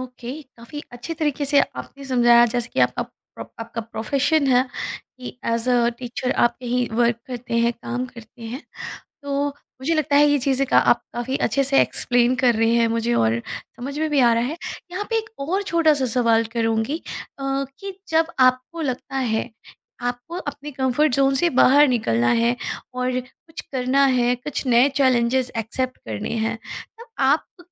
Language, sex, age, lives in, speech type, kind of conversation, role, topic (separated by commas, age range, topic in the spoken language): Hindi, female, 35-39, India, conversation, podcast, host, Comfort zone aur naye challenges ke beech tum balance kaise banate ho?